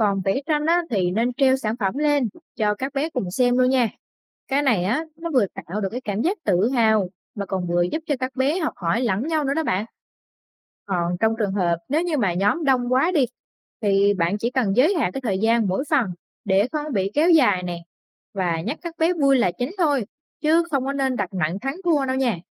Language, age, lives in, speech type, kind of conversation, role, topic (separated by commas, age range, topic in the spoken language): Vietnamese, 20-24, Vietnam, read, expert-assistant, expert, Làm thế nào tổ chức buổi vừa học vừa chơi cho trẻ em về chủ đề tiến hóa?